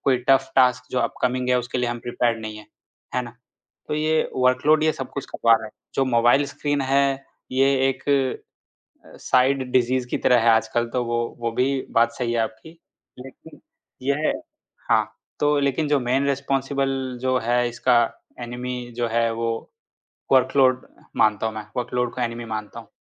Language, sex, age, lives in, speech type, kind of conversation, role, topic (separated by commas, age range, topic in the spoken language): Hindi, male, 25-29, India, conversation, podcast, guest, Neend sudharne ke liye tum kaun-kaun se simple tareeke apnaate ho?